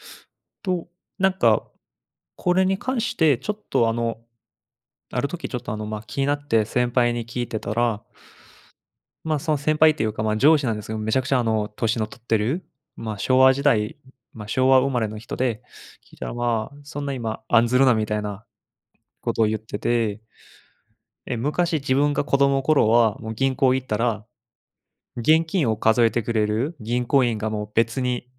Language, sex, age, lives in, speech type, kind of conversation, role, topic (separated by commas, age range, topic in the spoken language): Japanese, male, 25-29, Japan, conversation, unstructured, none, ロボットが人間の代わりになる未来は怖いですか？